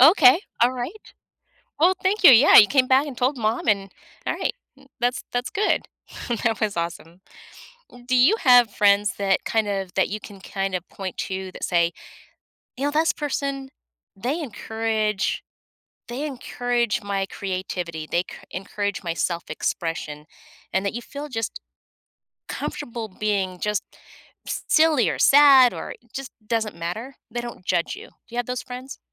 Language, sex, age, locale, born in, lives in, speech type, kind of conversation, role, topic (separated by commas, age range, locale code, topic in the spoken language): English, female, 50-54, en-US, United States, United States, conversation, podcast, host, How do meaningful friendships support our emotional well-being?